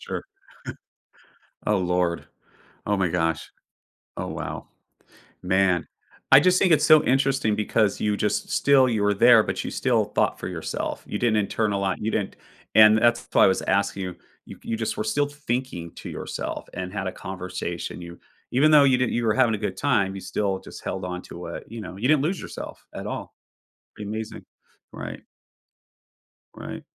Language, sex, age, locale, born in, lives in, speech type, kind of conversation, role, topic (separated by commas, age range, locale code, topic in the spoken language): English, male, 45-49, en-US, United States, United States, conversation, podcast, host, How have important decisions shaped the direction of your life?